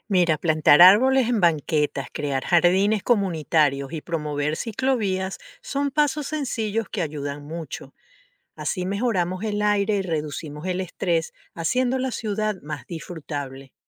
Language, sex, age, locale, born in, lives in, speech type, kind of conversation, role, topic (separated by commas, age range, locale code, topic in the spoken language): Spanish, female, 55-59, es-VE, Venezuela, United States, read, expert-assistant, expert, ¿Cómo podríamos rediseñar las ciudades para que sean más sostenibles y amigables con el medio ambiente?